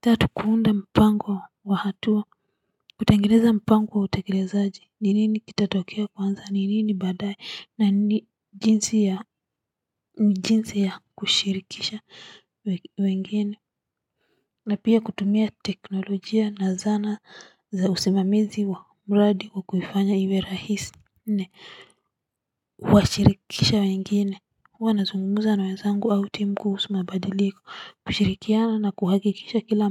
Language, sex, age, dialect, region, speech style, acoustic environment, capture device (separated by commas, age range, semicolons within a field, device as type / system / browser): Swahili, female, 35-44, Kenya - Nairobi Sheng-influenced, Kenya, focused, nature; silence, mobile / Linux / Chrome